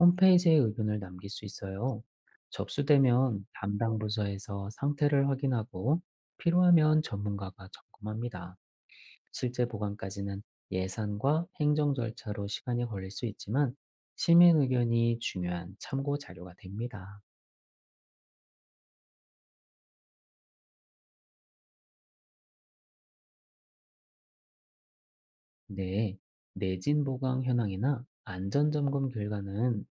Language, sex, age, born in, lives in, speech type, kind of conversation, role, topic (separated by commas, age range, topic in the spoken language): Korean, male, 40-44, South Korea, Germany, read, expert-assistant, expert, 지질학 관련 뉴스나 다큐멘터리에서 정보의 신뢰도를 어떻게 판단할 수 있을까요?